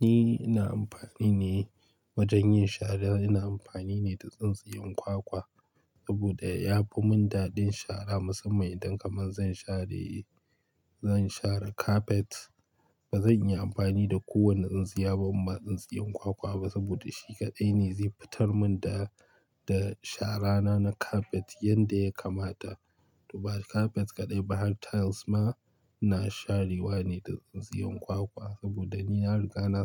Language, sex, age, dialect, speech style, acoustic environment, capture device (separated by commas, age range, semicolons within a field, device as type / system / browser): Hausa, male, 25-34, Nigeria - Kano, relaxed, silence, mobile / Linux / Chrome